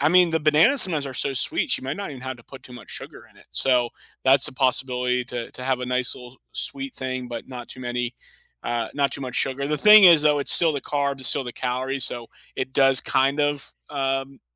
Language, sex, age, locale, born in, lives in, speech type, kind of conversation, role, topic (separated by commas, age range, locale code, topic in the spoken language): English, male, 30-34, en-US, United States, United States, conversation, unstructured, none, What was the best meal you had this month, and what made it memorable and meaningful for you?